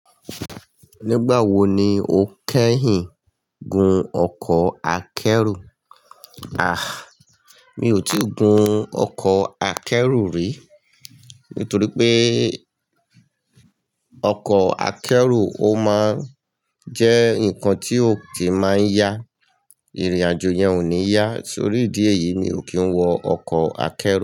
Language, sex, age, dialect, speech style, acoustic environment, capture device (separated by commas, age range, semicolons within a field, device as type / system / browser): Yoruba, male, 45-54, Nigeria - Lagos Yoruba, excited, people talking, mobile / Linux / Chrome